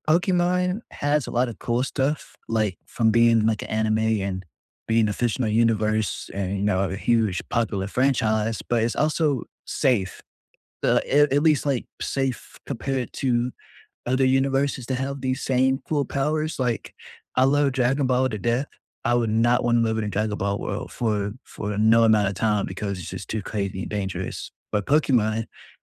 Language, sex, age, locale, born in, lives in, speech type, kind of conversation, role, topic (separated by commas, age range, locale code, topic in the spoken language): English, male, 20-24, en-US, United States, United States, conversation, unstructured, none, If you could step into any fictional world for a day, which would you choose and why?